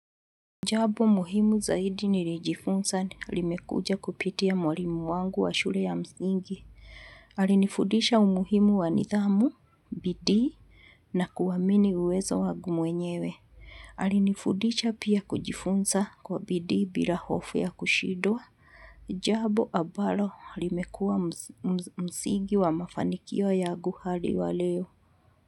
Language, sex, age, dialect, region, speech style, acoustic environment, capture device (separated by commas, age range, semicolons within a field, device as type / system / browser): Swahili, female, 45-54, Kenya - Nairobi Sheng-influenced, Kenya, happy, silence, mobile / Linux / Chrome